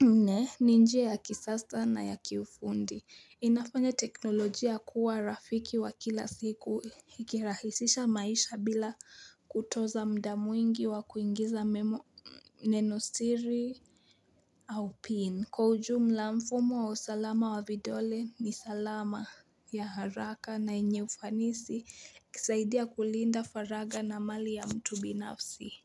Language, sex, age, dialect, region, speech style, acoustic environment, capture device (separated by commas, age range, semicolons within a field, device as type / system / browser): Swahili, female, 18-24, Kenya - Nairobi Sheng-influenced, Kenya, relaxed, nature, mobile / Linux / Chrome